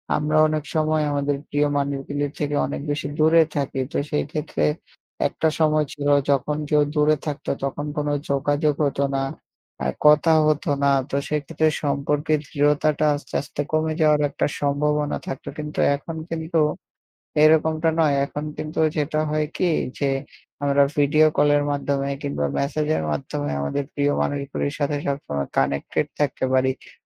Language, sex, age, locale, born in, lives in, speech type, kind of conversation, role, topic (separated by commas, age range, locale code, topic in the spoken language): Bengali, male, 20-24, bn-BD, Bangladesh, Bangladesh, conversation, unstructured, none, প্রিয়জনের দূরে থাকা কি কখনো আপনার মন খারাপ করেছে?